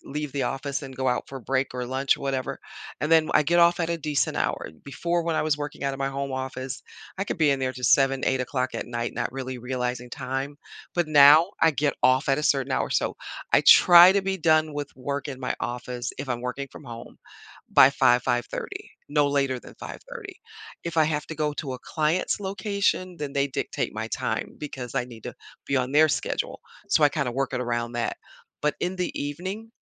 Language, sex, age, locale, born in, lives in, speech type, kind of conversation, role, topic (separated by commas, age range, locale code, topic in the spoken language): English, female, 60-64, en-US, United States, United States, conversation, podcast, guest, What helps you maintain a healthy balance between your job and your personal life?